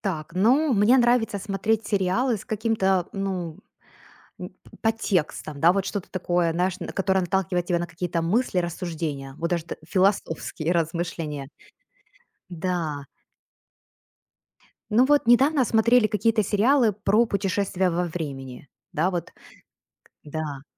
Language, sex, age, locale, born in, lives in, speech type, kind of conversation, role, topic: Russian, female, 40-44, ru-UA, Ukraine, United States, conversation, podcast, guest, Почему одни сериалы становятся феноменом, а другие забываются?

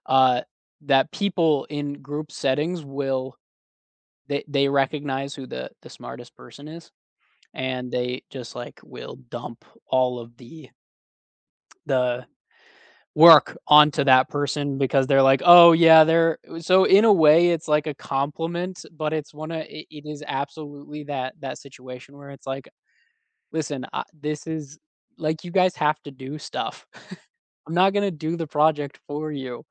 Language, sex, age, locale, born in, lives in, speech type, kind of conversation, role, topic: English, male, 30-34, en-US, United States, United States, conversation, unstructured, none, How do you handle situations when you feel treated unfairly?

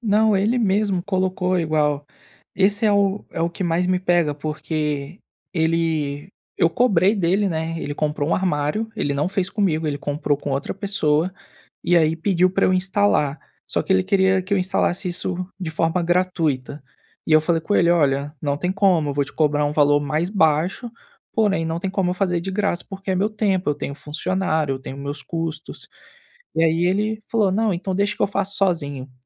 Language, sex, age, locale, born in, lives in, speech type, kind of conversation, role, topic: Portuguese, male, 25-29, pt-BR, Brazil, Spain, conversation, advice, user, Como posso reduzir as interrupções e manter o foco durante o trabalho?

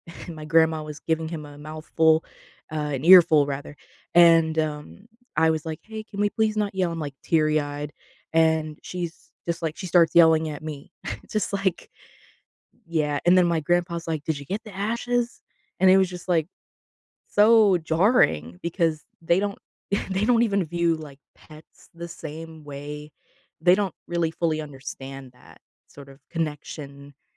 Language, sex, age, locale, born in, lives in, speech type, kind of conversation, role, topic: English, female, 25-29, en-US, United States, United States, conversation, advice, user, How can I stop feeling lonely around other people?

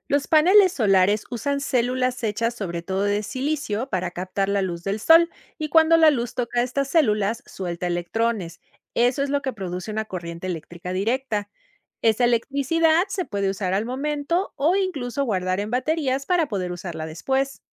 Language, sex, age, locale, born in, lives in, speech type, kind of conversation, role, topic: Spanish, female, 50-54, es-MX, Mexico, Mexico, read, expert-assistant, expert, ¿De qué manera los paneles solares convierten la luz en electricidad?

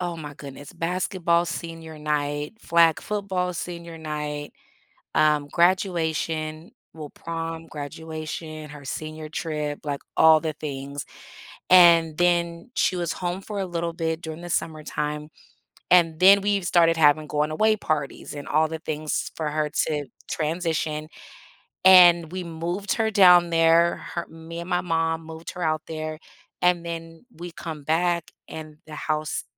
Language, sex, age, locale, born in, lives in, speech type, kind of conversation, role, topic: English, female, 40-44, en-US, United States, United States, conversation, advice, user, How can I cope with grief that still feels raw?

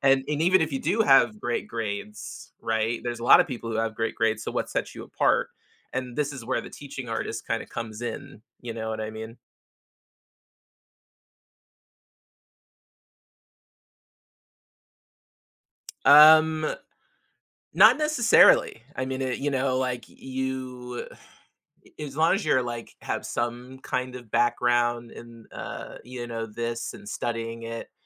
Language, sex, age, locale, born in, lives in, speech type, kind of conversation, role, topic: English, male, 35-39, en-US, United States, United States, conversation, advice, advisor, How can I regain motivation during a tough time?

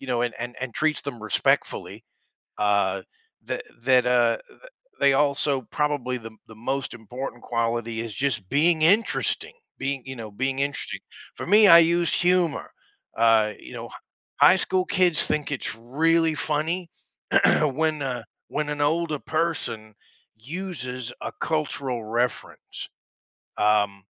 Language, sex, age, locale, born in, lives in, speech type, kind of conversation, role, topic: English, male, 45-49, en-US, United States, United States, conversation, podcast, guest, How do great teachers inspire and connect with their students to make learning meaningful?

